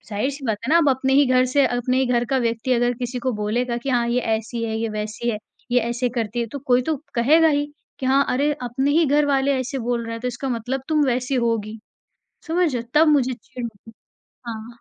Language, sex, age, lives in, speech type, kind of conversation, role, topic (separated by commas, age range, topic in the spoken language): Hindi, female, 40-44, India, conversation, podcast, guest, बर्दाश्त और माफ़ी के बीच संतुलन कैसे बनाएं?